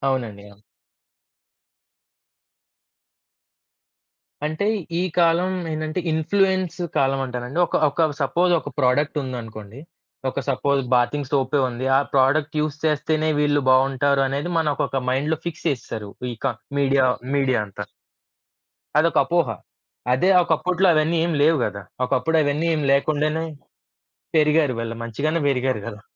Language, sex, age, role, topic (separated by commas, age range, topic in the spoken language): Telugu, male, 25-29, guest, పిల్లలు పెరిగేకొద్దీ వాళ్లతో అనుసంధానం కోల్పోకుండా ఉండేందుకు మీరు ఏ చిట్కాలు పాటిస్తారు?